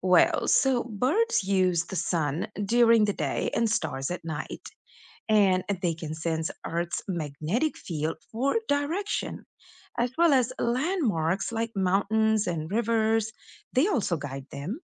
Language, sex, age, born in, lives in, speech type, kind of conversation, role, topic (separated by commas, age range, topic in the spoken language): English, female, 35-39, India, United States, read, expert-assistant, expert, What mechanisms do birds use for migration?